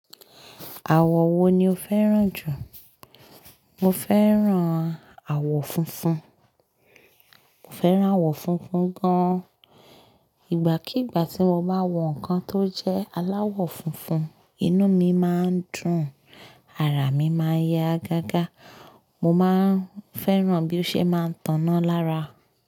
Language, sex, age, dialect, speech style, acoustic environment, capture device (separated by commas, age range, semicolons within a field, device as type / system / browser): Yoruba, female, 25-34, Nigeria - Lagos Yoruba, focused; happy, nature, mobile / Linux / Chrome